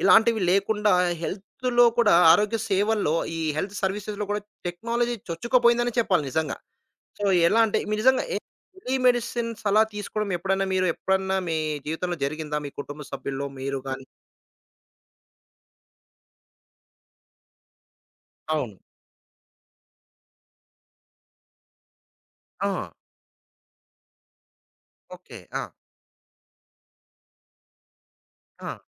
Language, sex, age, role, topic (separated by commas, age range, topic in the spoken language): Telugu, male, 35-39, host, ఆరోగ్య సేవల్లో సాంకేతికత మరింత పెరిగితే మనకు ఎలాంటి లాభాలు కలుగుతాయి?